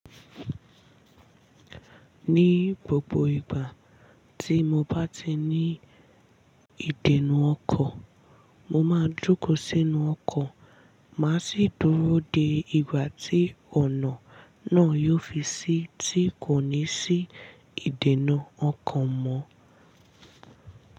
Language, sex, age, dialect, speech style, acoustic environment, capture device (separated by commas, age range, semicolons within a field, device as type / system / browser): Yoruba, female, 18-24, Nigeria - Ibadan, relaxed, silence, mobile / Linux / Chrome